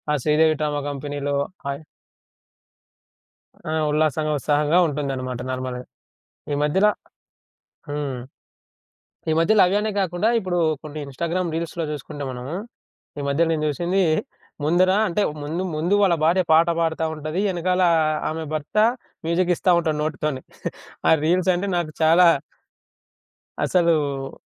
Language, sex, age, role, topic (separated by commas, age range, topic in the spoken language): Telugu, male, 25-29, guest, మీ రోజువారీ ఒత్తిడికి ఉపశమనం ఇచ్చే మీడియా అలవాటు ఏది?